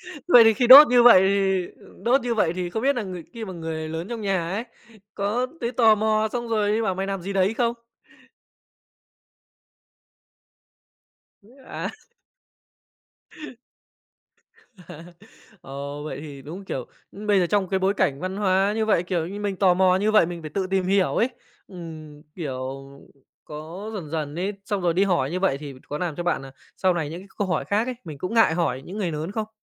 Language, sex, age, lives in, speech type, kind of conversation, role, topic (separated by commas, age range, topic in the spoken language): Vietnamese, male, 25-29, Japan, conversation, podcast, host, Bạn bắt đầu tò mò về điều gì khi còn nhỏ nhỉ?